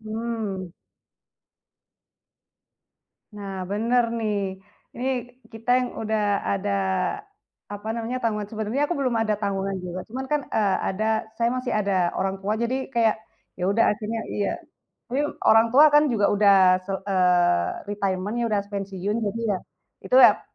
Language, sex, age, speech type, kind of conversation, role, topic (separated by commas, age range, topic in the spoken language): Indonesian, female, 25-29, conversation, unstructured, none, Apa hal yang paling menantang dalam mengatur keuangan pribadi?